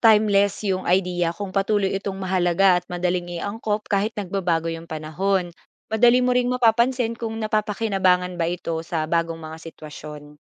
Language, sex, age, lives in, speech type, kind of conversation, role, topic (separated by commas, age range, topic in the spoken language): Filipino, female, 25-29, Philippines, read, expert-assistant, expert, Mas nakakatulong ba sa pagiging malikhain ang paggawa mag-isa, o mas maganda kapag may katuwang sa ideya?